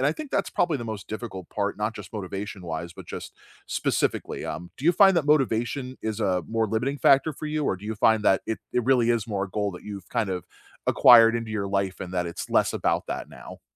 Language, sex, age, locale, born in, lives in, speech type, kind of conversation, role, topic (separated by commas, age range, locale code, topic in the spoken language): English, male, 35-39, en-US, United States, United States, conversation, unstructured, none, What is one activity you started doing that changed the way you think about staying healthy?